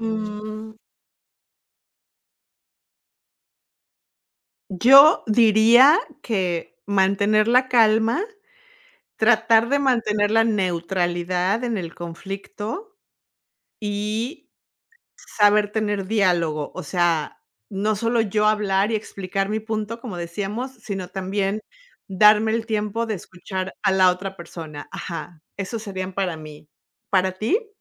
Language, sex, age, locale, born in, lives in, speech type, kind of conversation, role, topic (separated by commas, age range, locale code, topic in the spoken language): Spanish, female, 50-54, es-MX, Mexico, United States, conversation, unstructured, none, ¿Qué papel juega la comunicación al momento de resolver problemas?